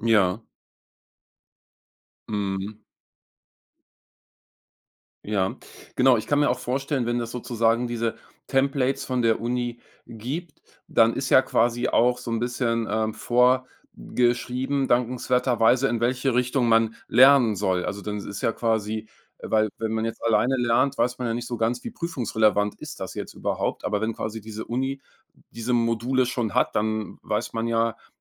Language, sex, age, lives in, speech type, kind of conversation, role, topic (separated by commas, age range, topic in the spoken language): German, male, 45-49, Germany, conversation, podcast, host, Wie integrierst du Lernen nachhaltig in deinen Alltag?